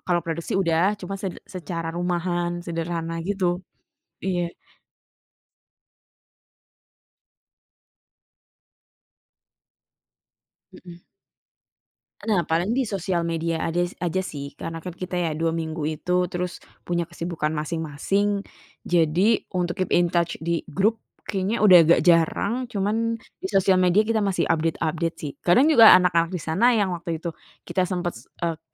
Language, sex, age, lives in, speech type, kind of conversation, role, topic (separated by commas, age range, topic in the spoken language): Indonesian, female, 30-34, Indonesia, conversation, podcast, guest, Apa pengalaman paling menyentuh yang pernah kamu alami saat bertemu orang baru ketika sedang bepergian?